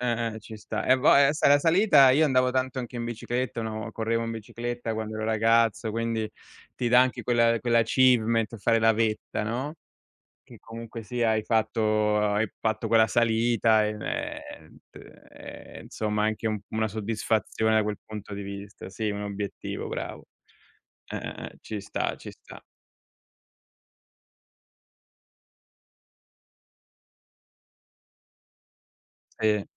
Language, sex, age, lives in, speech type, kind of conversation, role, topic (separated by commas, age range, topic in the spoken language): Italian, male, 40-44, Italy, conversation, unstructured, none, Quali attività ti aiutano a sentirti più calmo e sereno?